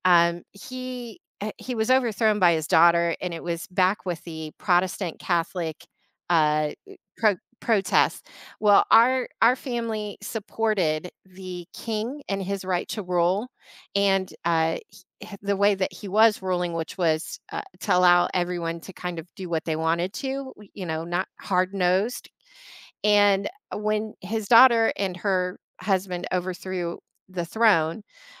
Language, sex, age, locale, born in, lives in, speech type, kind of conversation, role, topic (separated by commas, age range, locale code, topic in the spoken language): English, female, 45-49, en-US, United States, United States, conversation, podcast, guest, How do you celebrate important heritage holidays?